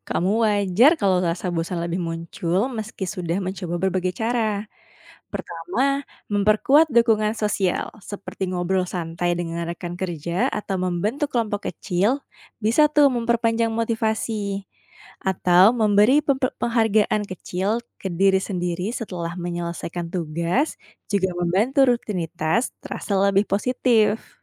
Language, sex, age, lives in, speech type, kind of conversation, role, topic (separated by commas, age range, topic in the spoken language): Indonesian, female, 30-34, Indonesia, read, expert-assistant, expert, Bagaimana Cara Menjaga Motivasi dan Mencairkan Suasana di Lingkungan ASN yang Monoton?